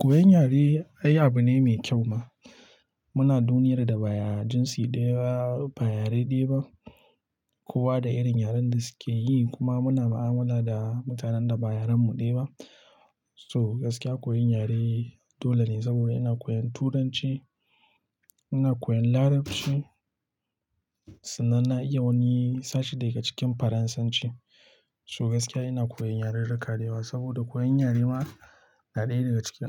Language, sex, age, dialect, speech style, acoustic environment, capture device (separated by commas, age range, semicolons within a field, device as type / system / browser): Hausa, male, 25-34, Nigeria - Nigerian Standard, happy; relaxed, silence, mobile / Linux / Chrome